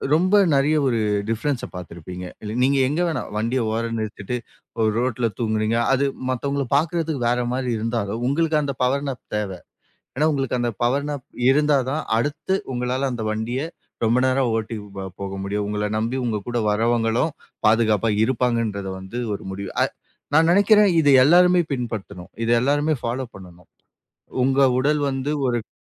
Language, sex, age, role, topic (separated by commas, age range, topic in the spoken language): Tamil, male, 25-29, guest, சிறிது நேரத் தூக்கம் (நாப்) எடுப்பது குறித்து உங்கள் அனுபவம் என்ன?